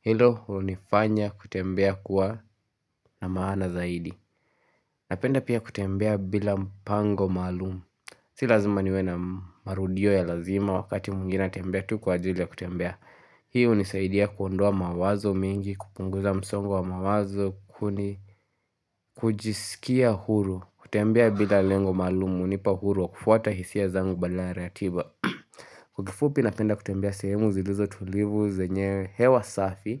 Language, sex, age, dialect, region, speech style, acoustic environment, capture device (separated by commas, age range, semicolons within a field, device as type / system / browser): Swahili, male, 18-24, Kenya - Nairobi Sheng-influenced, Kenya, relaxed, nature; silence, mobile / Linux / Chrome